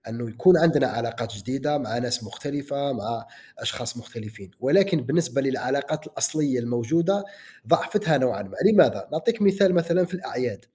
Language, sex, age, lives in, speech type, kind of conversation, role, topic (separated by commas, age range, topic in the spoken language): Arabic, male, 30-34, United States, conversation, unstructured, none, إيه رأيك في تأثير وسائل التواصل الاجتماعي على حياتنا اليومية؟